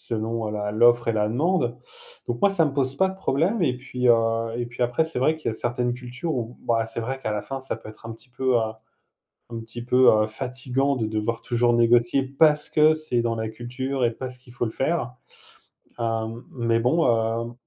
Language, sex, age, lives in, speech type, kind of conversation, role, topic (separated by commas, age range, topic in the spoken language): French, male, 45-49, France, conversation, unstructured, none, Est-il acceptable de négocier les prix partout où l’on va ?